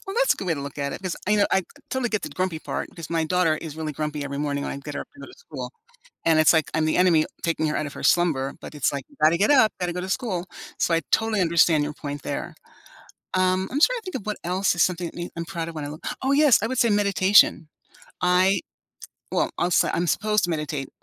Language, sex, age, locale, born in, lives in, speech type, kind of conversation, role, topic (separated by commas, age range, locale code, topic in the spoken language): English, female, 60-64, en-US, United States, United States, conversation, unstructured, none, What's one simple habit I can try to feel proud each day?